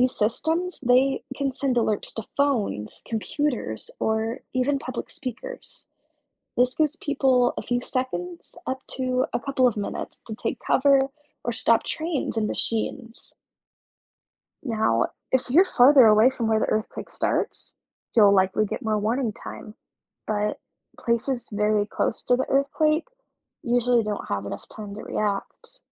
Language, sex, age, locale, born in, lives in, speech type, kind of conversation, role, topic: English, female, 20-24, en-US, United States, United States, read, expert-assistant, expert, What causes earthquakes to happen?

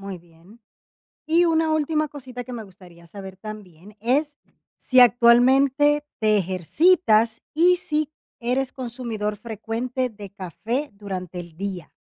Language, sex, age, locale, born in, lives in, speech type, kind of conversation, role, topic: Spanish, female, 30-34, es-DO, Dominican Republic, Portugal, conversation, advice, advisor, ¿Cómo puedo despertarme con más energía cada mañana?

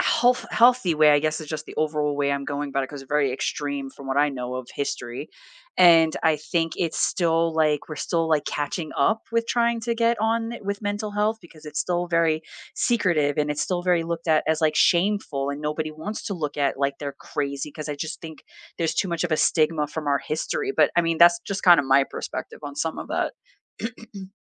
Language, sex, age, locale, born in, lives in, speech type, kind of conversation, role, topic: English, female, 40-44, en-US, United States, United States, conversation, unstructured, none, Why is there still such a strong stigma around therapy?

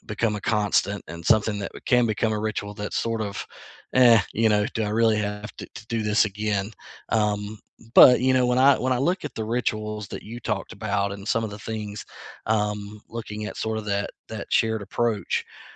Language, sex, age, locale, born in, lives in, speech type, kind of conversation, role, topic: English, male, 40-44, en-US, United States, United States, conversation, unstructured, none, What little daily rituals make your mornings better?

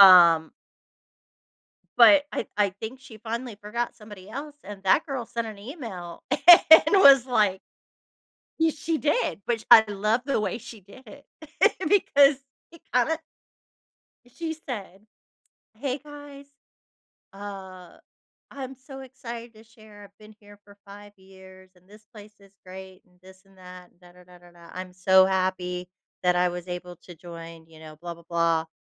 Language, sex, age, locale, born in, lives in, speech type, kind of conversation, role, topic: English, female, 45-49, en-US, United States, United States, conversation, advice, user, How can I stop feeling overlooked?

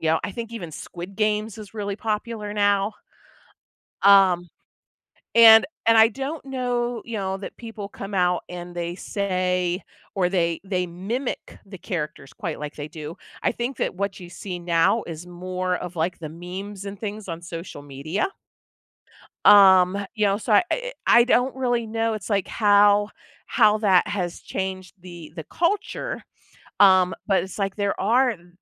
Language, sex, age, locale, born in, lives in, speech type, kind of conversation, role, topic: English, female, 45-49, en-US, United States, United States, conversation, podcast, guest, What makes certain TV shows resonate so strongly with audiences and shape popular culture?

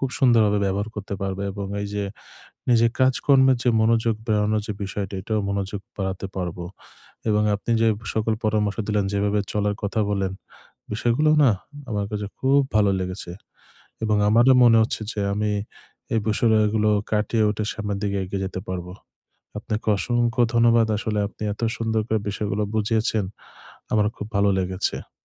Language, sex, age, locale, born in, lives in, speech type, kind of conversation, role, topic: Bengali, male, 25-29, bn-BD, Bangladesh, Bangladesh, conversation, advice, user, সময় ঠিকভাবে ভাগ করে আমি কীভাবে কাজে মনোযোগ বাড়াতে পারি?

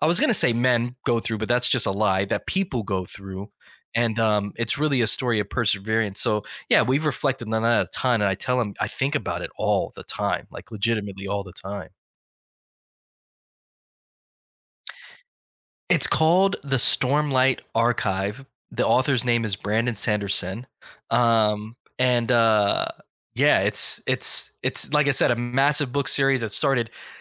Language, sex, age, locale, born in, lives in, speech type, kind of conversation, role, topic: English, male, 35-39, en-US, United States, United States, conversation, podcast, guest, What important lesson has had the biggest impact on your life?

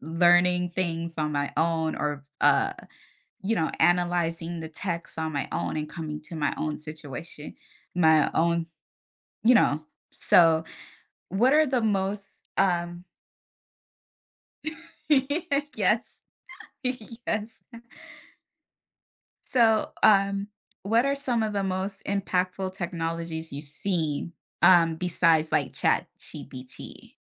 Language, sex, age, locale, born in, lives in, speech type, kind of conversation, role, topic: English, female, 30-34, en-US, United States, United States, conversation, podcast, host, In what ways is technology changing the way we learn and teach?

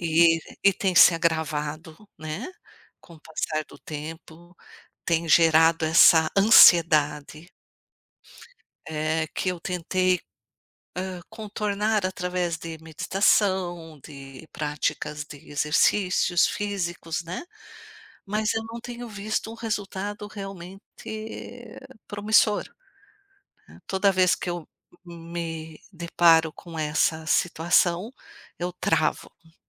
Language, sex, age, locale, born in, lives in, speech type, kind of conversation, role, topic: Portuguese, female, 55-59, pt-BR, Brazil, United States, conversation, advice, user, Como a ruminação sobre erros passados gera angústia em você?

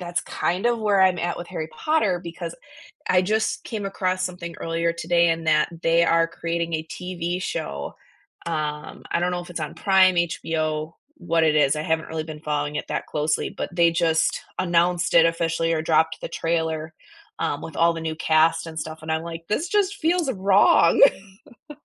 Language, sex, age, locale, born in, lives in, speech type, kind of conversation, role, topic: English, female, 25-29, en-US, United States, United States, conversation, unstructured, none, What was the first movie that truly hooked you, and why?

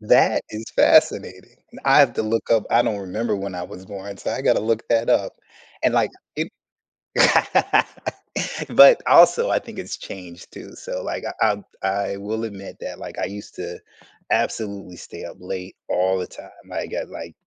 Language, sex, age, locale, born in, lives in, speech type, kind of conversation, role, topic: English, male, 45-49, en-US, United States, United States, conversation, unstructured, none, How do I spot when my priorities need to shift?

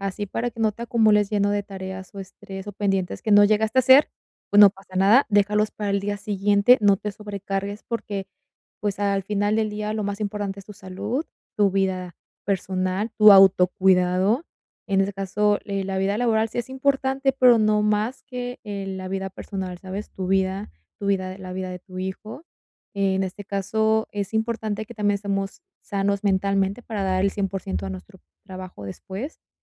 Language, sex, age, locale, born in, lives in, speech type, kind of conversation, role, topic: Spanish, female, 20-24, es-MX, Mexico, Mexico, conversation, advice, advisor, ¿Cómo puedo equilibrar mejor el trabajo, el descanso y mi vida personal?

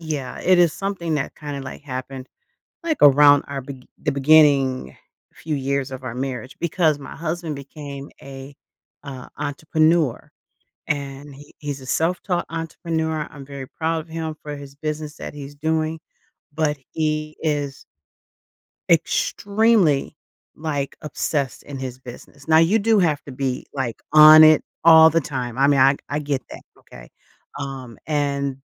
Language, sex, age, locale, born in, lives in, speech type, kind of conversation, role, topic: English, female, 55-59, en-US, United States, United States, conversation, advice, user, How can I tell my partner I feel unseen and reconnect emotionally?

